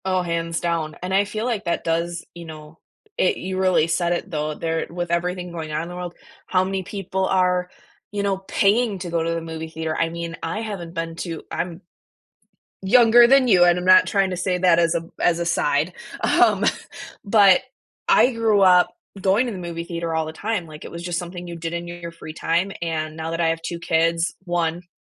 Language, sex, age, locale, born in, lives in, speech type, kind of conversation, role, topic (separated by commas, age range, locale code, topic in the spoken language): English, female, 25-29, en-US, United States, United States, conversation, unstructured, none, What was the first movie that truly hooked you, and why?